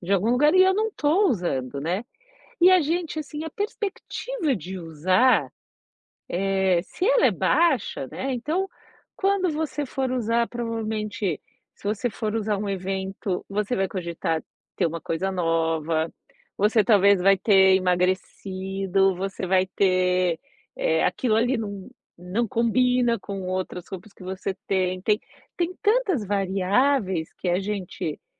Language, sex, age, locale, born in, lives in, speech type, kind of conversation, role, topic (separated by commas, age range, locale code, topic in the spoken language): Portuguese, female, 45-49, pt-BR, Brazil, United States, conversation, advice, advisor, Relutância em desapegar de itens sentimentais